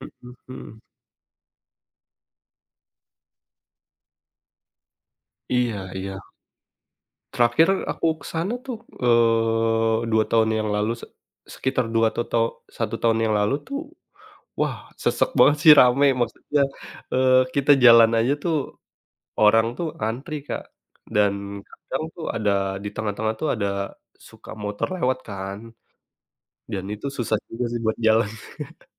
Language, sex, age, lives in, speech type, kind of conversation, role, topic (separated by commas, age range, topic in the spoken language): Indonesian, male, 30-34, Indonesia, conversation, podcast, guest, Apa pengalaman paling berkesan saat kamu makan jajanan kaki lima?